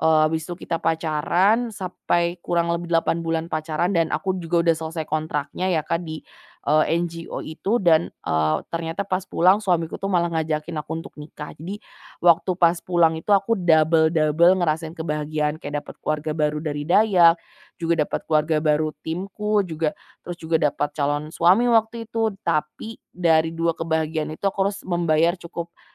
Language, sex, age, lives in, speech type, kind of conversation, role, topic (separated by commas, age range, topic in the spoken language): Indonesian, female, 25-29, Indonesia, conversation, podcast, guest, Apa pelajaran terbesar yang kamu dapatkan dari proyek ini?